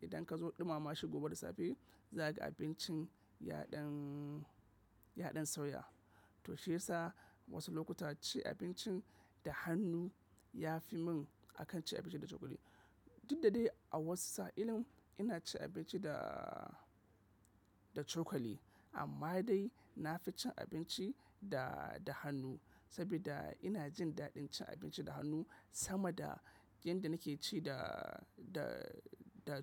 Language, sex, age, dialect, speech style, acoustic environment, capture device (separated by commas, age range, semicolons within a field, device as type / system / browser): Hausa, male, 25-34, Nigeria - Kano, happy, appliances, mobile / macOS / Safari